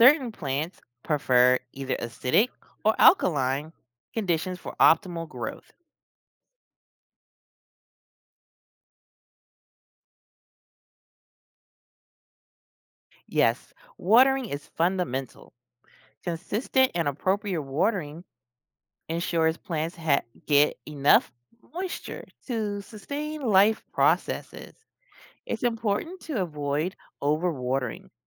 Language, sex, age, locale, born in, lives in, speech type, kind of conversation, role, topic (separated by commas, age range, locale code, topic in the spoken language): English, female, 40-44, en-US, United States, United States, read, expert-assistant, expert, What makes a plant grow faster?